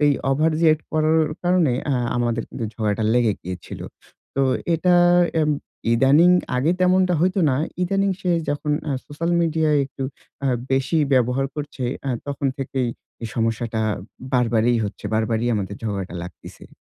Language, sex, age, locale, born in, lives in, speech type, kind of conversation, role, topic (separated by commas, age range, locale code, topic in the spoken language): Bengali, male, 25-29, bn-BD, Bangladesh, Bangladesh, conversation, advice, user, কীভাবে সম্পর্কের ঝগড়ায় আমি শান্তভাবে সমস্যাগুলো সমাধান করতে পারি?